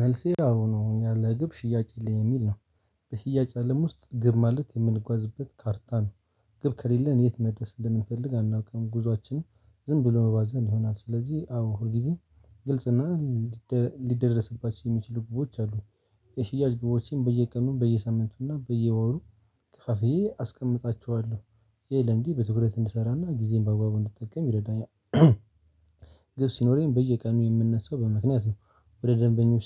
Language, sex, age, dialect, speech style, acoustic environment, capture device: Amharic, male, 18-24, Ethiopia - Addis Ababa, excited, silence, mobile / Linux / Chrome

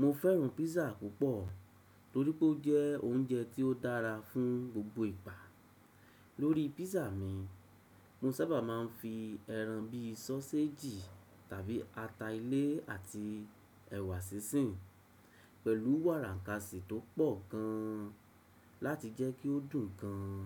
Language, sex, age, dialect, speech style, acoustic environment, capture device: Yoruba, male, 35-44, Nigeria - Lagos Yoruba, happy; excited; focused; relaxed, silence, desktop / Windows / Chrome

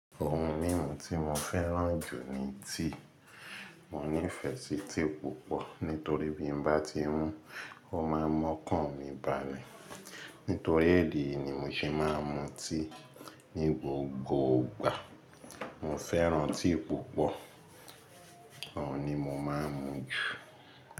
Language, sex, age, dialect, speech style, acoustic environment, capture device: Yoruba, male, 25-34, Nigeria - Lagos Yoruba, focused, silence, mobile / Linux / Chrome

